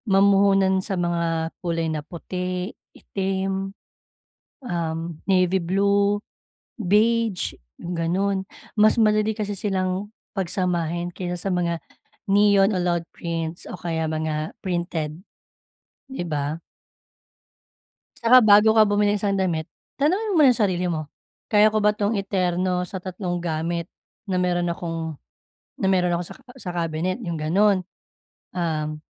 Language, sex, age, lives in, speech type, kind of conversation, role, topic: Filipino, female, 35-39, Philippines, conversation, advice, advisor, Paano ako makakabili ng magandang damit nang hindi gumagastos ng malaki?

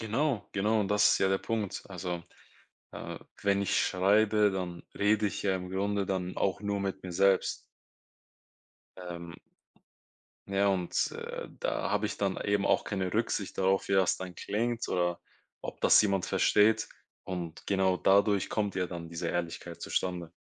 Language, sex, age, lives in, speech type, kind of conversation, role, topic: German, male, 20-24, Germany, conversation, podcast, guest, Wie gehst du damit um, wenn eine Entscheidung schiefgeht?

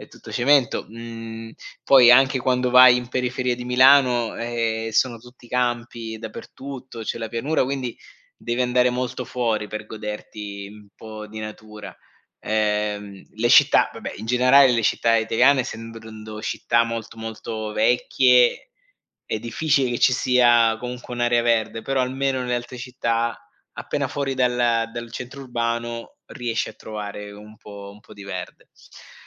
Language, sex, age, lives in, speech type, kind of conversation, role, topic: Italian, male, 40-44, Germany, conversation, podcast, guest, Com’è il tuo rapporto con la natura e con la semplicità?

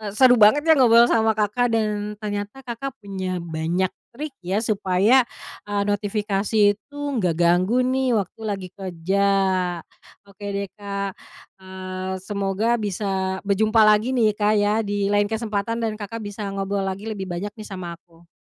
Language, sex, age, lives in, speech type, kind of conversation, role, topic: Indonesian, female, 30-34, Indonesia, conversation, podcast, host, Apa trik supaya notifikasi tidak mengganggu pekerjaanmu?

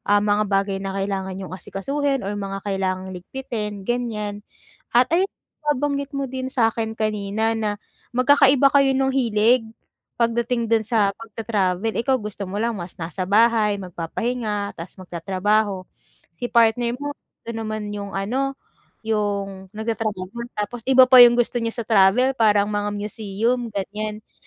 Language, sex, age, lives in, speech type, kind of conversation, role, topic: Filipino, female, 20-24, Philippines, conversation, advice, advisor, Paano ko maibsan ang stress habang naglalakbay sa malayo?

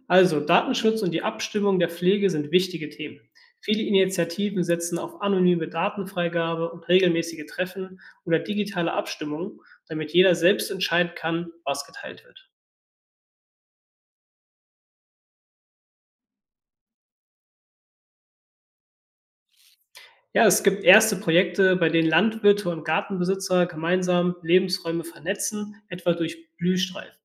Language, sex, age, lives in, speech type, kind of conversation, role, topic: German, male, 25-29, Germany, read, expert-assistant, expert, Wie kann moderne Technik helfen, unsere Landschaften zu erhalten und trotzdem nutzbar zu machen?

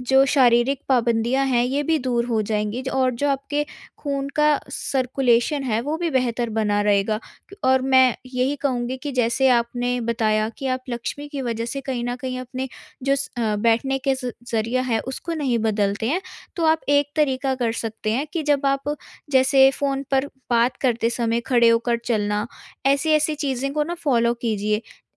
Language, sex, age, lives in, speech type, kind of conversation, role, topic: Hindi, female, 18-19, India, conversation, advice, advisor, बैठने की आदत बदलकर अधिक सक्रिय जीवन कैसे जिया जा सकता है?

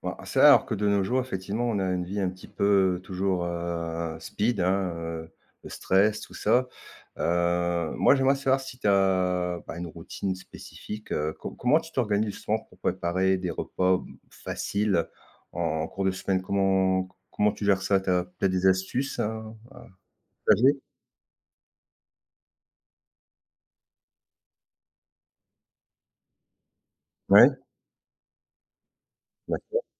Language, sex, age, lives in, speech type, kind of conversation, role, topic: French, male, 50-54, France, conversation, podcast, host, Comment t’organises-tu pour préparer des repas faciles en semaine ?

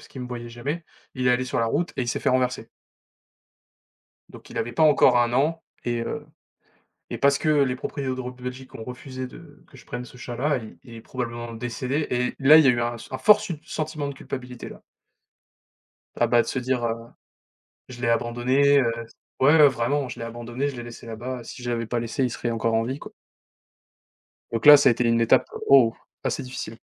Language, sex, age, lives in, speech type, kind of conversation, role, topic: French, male, 20-24, France, conversation, unstructured, none, Quelle est la pire déception dont tu te souviens ?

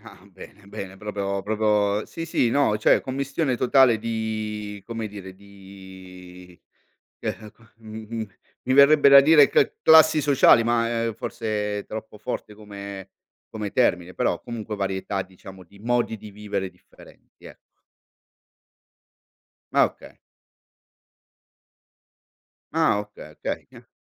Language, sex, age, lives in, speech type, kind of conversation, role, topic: Italian, male, 45-49, Italy, conversation, podcast, host, Qual è un quartiere della tua città che ami e perché?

